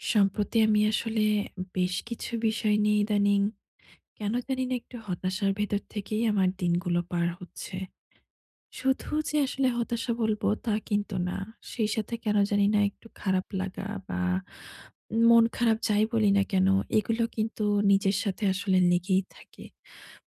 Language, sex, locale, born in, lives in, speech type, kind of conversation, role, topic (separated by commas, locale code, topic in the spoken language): Bengali, female, bn-BD, Bangladesh, Bangladesh, conversation, advice, user, ভ্রমণ বা ছুটির দিনে কীভাবে ব্যায়ামের অভ্যাস বজায় রাখা যায়?